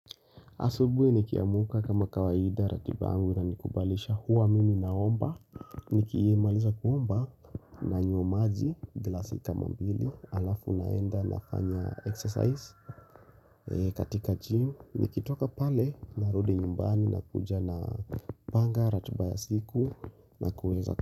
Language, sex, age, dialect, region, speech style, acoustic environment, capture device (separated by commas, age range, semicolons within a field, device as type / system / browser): Swahili, male, 25-34, Kenya - Nairobi Sheng-influenced, Kenya, relaxed; nervous; excited; focused, silence, mobile / Linux / Chrome